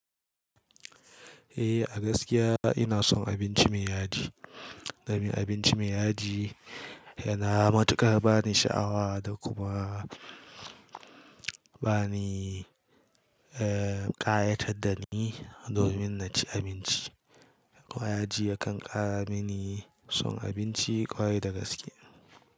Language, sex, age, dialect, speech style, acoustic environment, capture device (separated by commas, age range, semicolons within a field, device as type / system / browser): Hausa, male, 18-24, Nigeria - Kano, relaxed; focused, silence; nature, mobile / Linux / Chrome